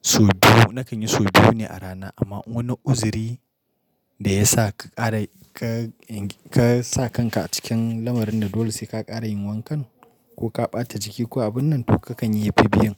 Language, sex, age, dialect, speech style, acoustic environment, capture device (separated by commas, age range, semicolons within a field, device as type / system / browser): Hausa, male, 25-34, Nigeria - Nigerian Standard, happy; excited; focused, nature; silence; appliances, mobile / Linux / Chrome